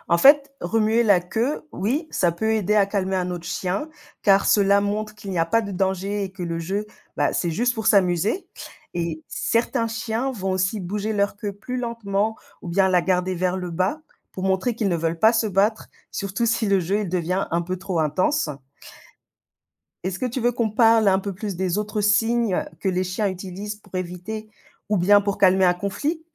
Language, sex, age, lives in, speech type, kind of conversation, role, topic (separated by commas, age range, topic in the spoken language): French, female, 35-39, Spain, read, expert-assistant, expert, Pourquoi les chiens remuent-ils la queue ?